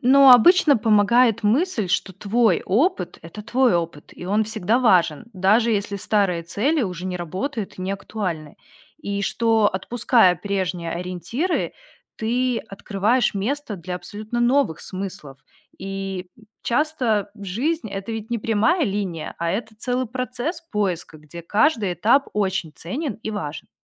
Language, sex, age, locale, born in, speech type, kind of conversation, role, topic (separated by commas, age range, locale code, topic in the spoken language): Russian, female, 30-34, ru-RU, Belarus, read, expert-assistant, expert, Как понять, что цель действительно ваша, а не навязана обществом или близкими?